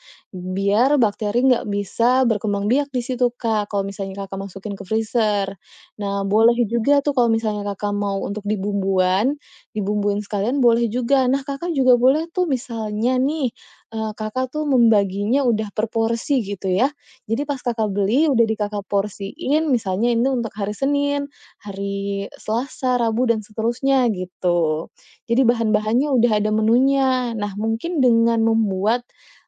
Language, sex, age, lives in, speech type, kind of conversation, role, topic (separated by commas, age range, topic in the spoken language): Indonesian, female, 30-34, Indonesia, conversation, advice, advisor, Bagaimana cara menyeimbangkan pola makan sehat dengan anggaran yang terbatas?